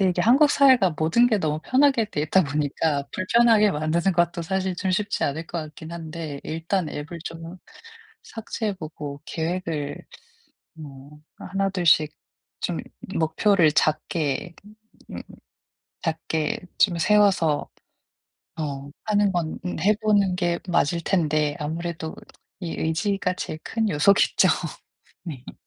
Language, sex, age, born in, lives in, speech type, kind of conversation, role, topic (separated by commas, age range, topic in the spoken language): Korean, female, 35-39, South Korea, United States, conversation, advice, user, 충동구매를 줄이고 가치 중심으로 소비하려면 어떻게 시작하면 좋을까요?